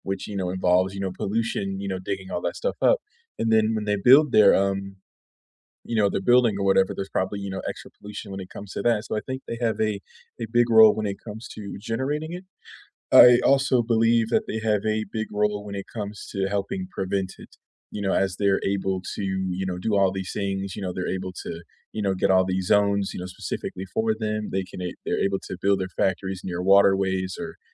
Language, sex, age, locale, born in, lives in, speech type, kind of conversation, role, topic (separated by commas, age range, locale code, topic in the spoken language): English, male, 25-29, en-US, United States, United States, conversation, unstructured, none, What role do you think big corporations play in causing pollution?